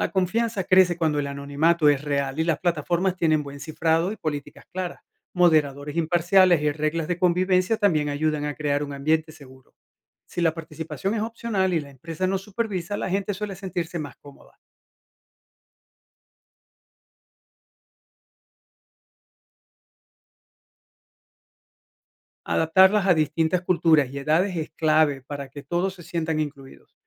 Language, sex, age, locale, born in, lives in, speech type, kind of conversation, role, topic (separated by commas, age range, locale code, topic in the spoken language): Spanish, male, 50-54, es-VE, Venezuela, United States, read, expert-assistant, expert, ¿De qué formas podríamos aprovechar la tecnología para mejorar la salud mental pública y hacerla más accesible?